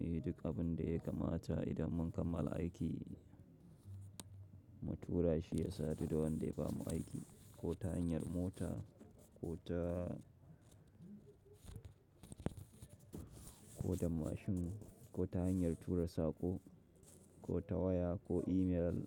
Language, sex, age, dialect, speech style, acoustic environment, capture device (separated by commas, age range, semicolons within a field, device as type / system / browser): Hausa, male, 25-34, Nigeria - Nigerian Standard, focused; happy, silence, mobile / Linux / Chrome